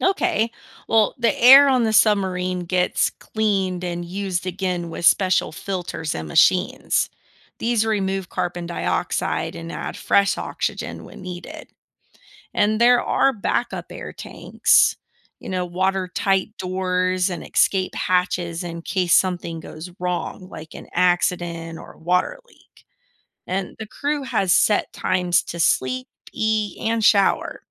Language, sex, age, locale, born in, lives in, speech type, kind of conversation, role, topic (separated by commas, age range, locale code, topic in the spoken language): English, female, 35-39, en-US, United States, United States, read, expert-assistant, expert, Why do some objects float while others sink in water?